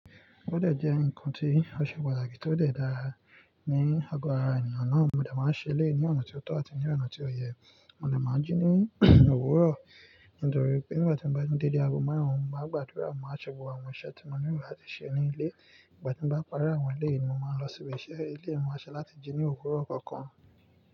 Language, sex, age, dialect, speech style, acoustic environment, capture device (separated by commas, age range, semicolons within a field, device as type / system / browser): Yoruba, male, 18-24, Nigeria - Nigerian Standard, focused, nature, mobile / Linux / Chrome